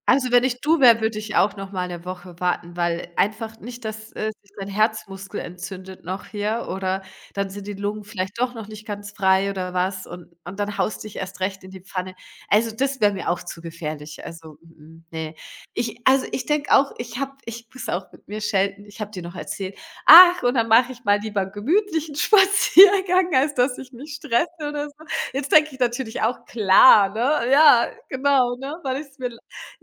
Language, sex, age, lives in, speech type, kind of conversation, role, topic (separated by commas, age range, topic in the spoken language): German, female, 40-44, Germany, conversation, unstructured, none, Was ist dein Geheimtipp für ein schnelles und leckeres Abendessen?